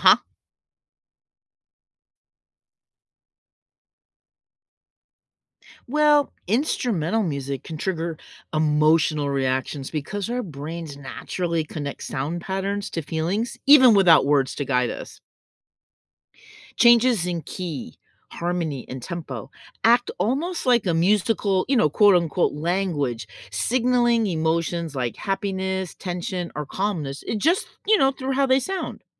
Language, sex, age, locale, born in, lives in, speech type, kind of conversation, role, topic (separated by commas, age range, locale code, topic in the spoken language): English, female, 60-64, en-US, United States, United States, read, expert-assistant, expert, How does music influence our emotions?